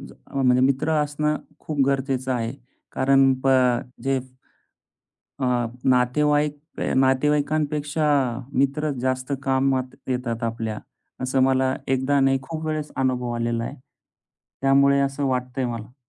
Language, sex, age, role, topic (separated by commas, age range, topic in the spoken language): Marathi, male, 35-39, guest, मित्रांनी संकटात कसा आधार दिला असा अनुभव सांगशील का?